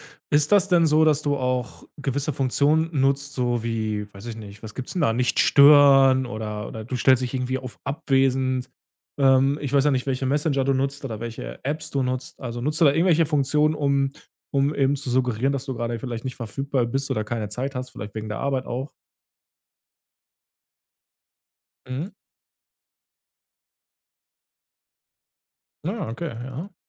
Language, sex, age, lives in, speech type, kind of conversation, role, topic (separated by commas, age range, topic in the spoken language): German, male, 30-34, Germany, conversation, podcast, host, Wie trennst du Beruf und Privatleben digital?